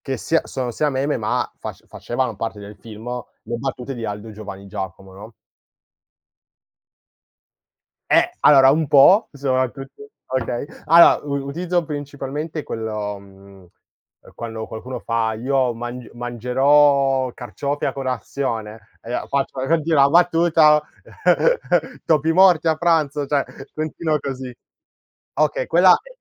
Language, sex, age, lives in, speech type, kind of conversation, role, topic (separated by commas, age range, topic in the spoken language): Italian, male, 20-24, Italy, conversation, podcast, guest, Come influenzano i meme la cultura pop, secondo la tua esperienza?